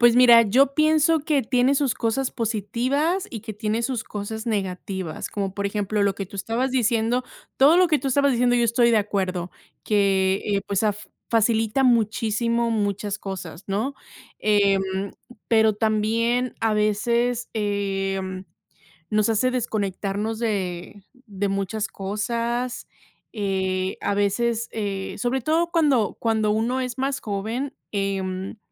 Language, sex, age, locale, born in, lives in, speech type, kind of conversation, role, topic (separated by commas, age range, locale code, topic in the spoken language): Spanish, female, 30-34, es-MX, Mexico, United States, conversation, unstructured, none, ¿Cómo crees que la tecnología cambia nuestra vida diaria?